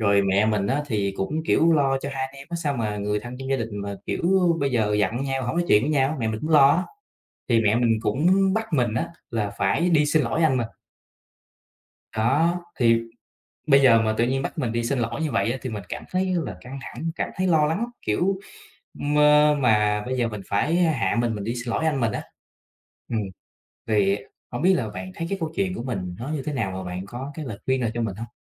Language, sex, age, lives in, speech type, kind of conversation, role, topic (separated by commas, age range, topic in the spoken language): Vietnamese, male, 25-29, Vietnam, conversation, advice, user, Bạn đang cảm thấy căng thẳng như thế nào sau cuộc cãi vã với người thân?